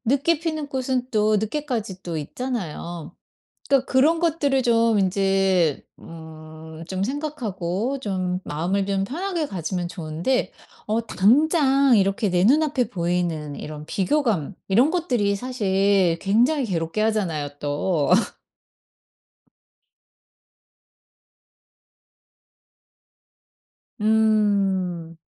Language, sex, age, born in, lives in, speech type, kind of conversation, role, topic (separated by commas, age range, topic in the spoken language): Korean, female, 50-54, South Korea, United States, conversation, podcast, host, 다른 사람과 비교될 때 마음을 어떻게 다잡으시나요?